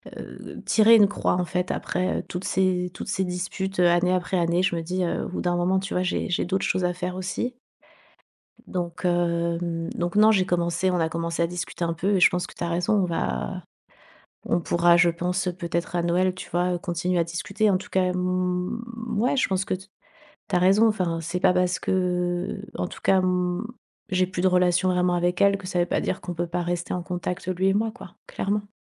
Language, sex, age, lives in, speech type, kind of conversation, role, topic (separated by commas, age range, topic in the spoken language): French, female, 40-44, Spain, conversation, advice, user, Comment gérer une culpabilité persistante après une dispute familiale ?